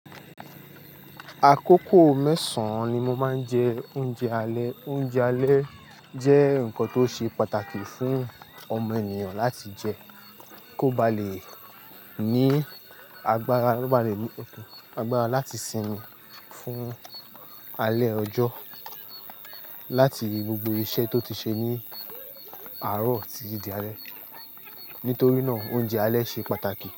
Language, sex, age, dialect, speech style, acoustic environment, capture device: Yoruba, male, 25-34, Nigeria - Lagos Yoruba, tired, people talking; construction; traffic; music, mobile / Linux / Chrome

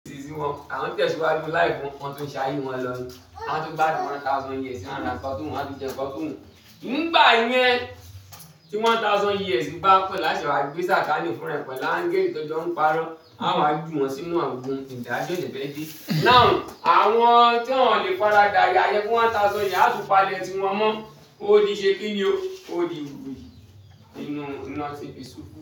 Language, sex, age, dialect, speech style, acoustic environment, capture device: Yoruba, male, 25-34, Nigeria - Lagos Yoruba, relaxed, people talking, mobile / Linux / Chrome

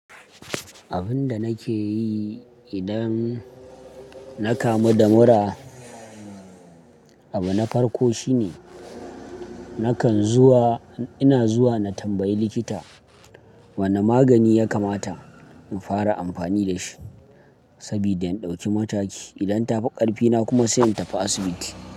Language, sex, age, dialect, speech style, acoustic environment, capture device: Hausa, male, 25-34, Nigeria - Kano, happy, people talking, mobile / Linux / Chrome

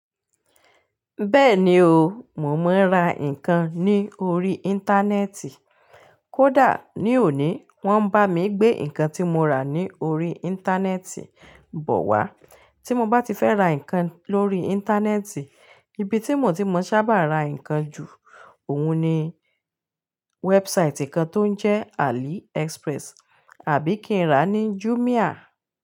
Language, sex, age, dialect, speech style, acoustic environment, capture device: Yoruba, female, 35-44, Nigeria - Ibadan, happy, silence, mobile / Linux / Chrome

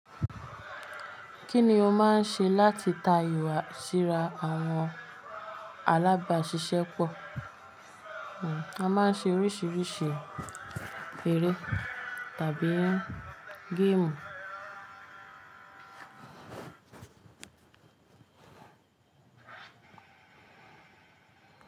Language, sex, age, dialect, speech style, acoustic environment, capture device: Yoruba, female, 25-34, Nigeria - Lagos Yoruba, focused, silence, mobile / Linux / Chrome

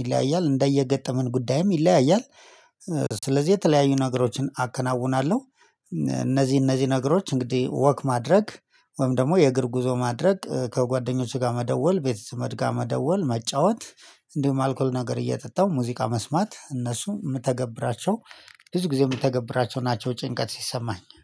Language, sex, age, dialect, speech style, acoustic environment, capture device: Amharic, male, 35-44, Ethiopia - Addis Ababa, happy, silence, mobile / Linux / Chrome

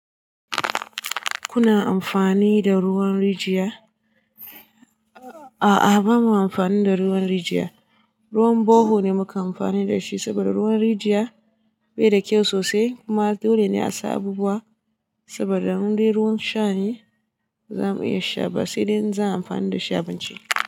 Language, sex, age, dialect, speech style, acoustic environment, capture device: Hausa, female, 18-24, Nigeria - Katsina, relaxed, silence, desktop / Linux / Chrome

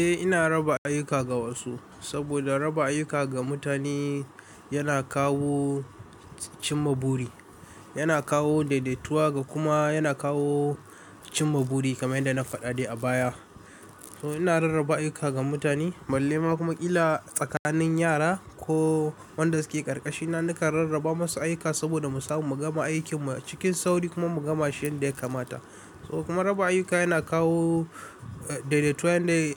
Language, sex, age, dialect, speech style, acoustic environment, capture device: Hausa, male, 18-24, Nigeria - Kano, stressed, appliances, mobile / Linux / Chrome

